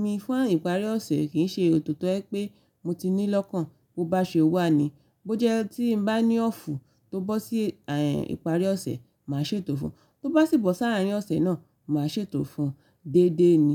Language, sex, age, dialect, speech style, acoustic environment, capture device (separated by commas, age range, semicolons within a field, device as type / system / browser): Yoruba, female, 25-34, Nigeria - Ibadan, relaxed, silence, mobile / Linux / Chrome